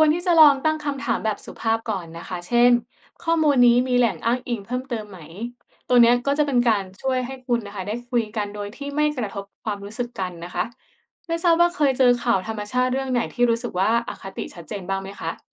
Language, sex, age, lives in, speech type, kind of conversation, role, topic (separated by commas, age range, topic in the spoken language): Thai, female, 25-29, Thailand, read, expert-assistant, expert, เวลาตามข่าวเกี่ยวกับธรรมชาติหรือระบบนิเวศ เราจะรู้ได้อย่างไรว่าแหล่งข่าวไหนน่าเชื่อถือจริง?